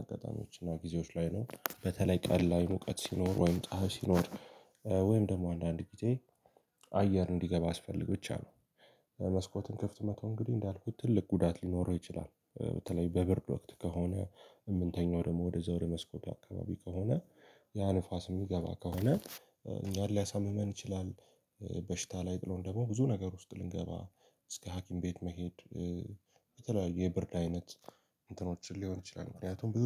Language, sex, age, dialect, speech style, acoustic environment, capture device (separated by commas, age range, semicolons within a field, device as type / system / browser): Amharic, male, 18-24, Ethiopia - Addis Ababa, happy; tired; relaxed; focused, nature; music, mobile / Linux / Chrome